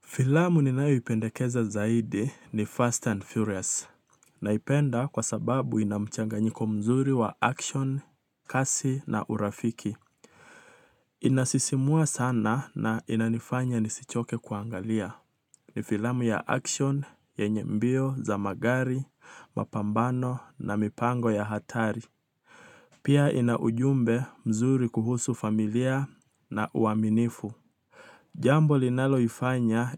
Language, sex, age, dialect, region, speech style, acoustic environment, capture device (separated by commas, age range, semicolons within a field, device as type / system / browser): Swahili, male, 25-34, Kenya - Nairobi Sheng-influenced, Kenya, relaxed, nature, mobile / Linux / Chrome